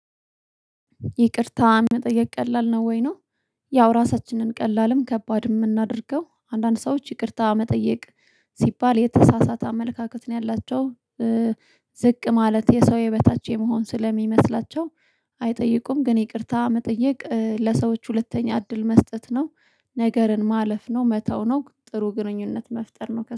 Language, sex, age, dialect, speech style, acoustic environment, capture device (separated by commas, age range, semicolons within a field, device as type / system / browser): Amharic, female, 25-34, Ethiopia - Addis Ababa, happy; relaxed; focused; excited, silence, mobile / Linux / Chrome